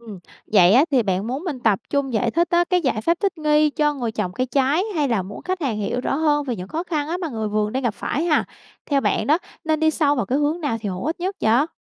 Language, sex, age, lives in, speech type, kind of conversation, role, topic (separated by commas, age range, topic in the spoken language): Vietnamese, female, 25-29, Vietnam, read, expert-assistant, expert, Có phép ẩn dụ nào giúp dễ hiểu hơn về tác động của biến đổi khí hậu lên sản xuất lương thực không? Bạn có thể lấy ví dụ cụ thể từ Việt Nam?